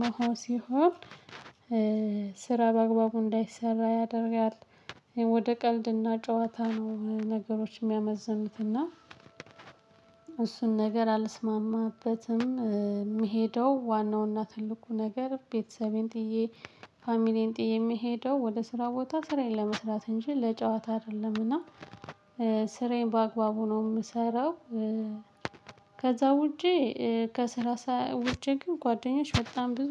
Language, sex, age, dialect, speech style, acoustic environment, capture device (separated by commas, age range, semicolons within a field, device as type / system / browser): Amharic, female, 18-24, Ethiopia - Gondar, relaxed, silence, mobile / Linux / Chrome